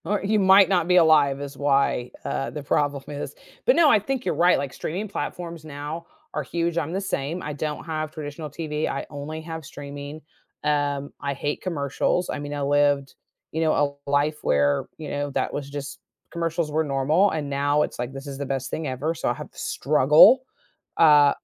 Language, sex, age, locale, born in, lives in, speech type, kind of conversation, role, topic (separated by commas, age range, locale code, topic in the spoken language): English, female, 50-54, en-US, United States, United States, conversation, podcast, host, What factors contribute to a TV show becoming a cultural phenomenon?